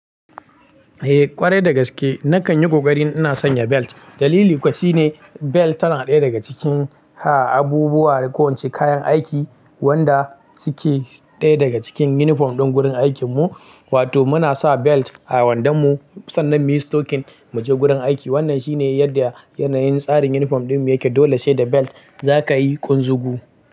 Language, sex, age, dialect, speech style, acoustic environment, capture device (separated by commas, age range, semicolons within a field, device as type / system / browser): Hausa, male, 18-24, Nigeria - Kano, happy, silence, mobile / Linux / Chrome